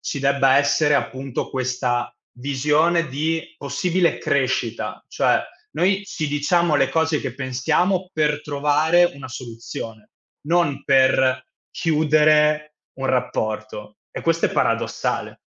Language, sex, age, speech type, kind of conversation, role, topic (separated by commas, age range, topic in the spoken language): Italian, male, 25-29, conversation, unstructured, none, Perché a volte i conflitti possono far crescere le relazioni?